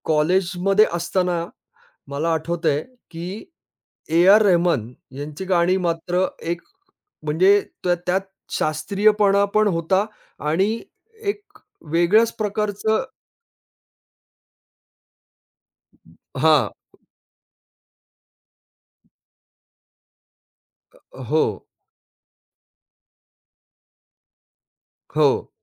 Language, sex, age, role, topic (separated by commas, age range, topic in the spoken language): Marathi, male, 45-49, guest, तुमच्या गाण्यांच्या आवडीनिवडीत काळानुसार काय बदल झाला?